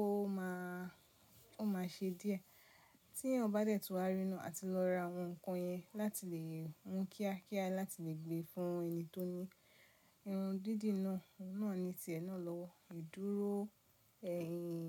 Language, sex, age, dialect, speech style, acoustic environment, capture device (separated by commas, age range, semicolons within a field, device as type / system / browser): Yoruba, female, 25-34, Nigeria - Nigerian Standard, relaxed, nature, mobile / Linux / Chrome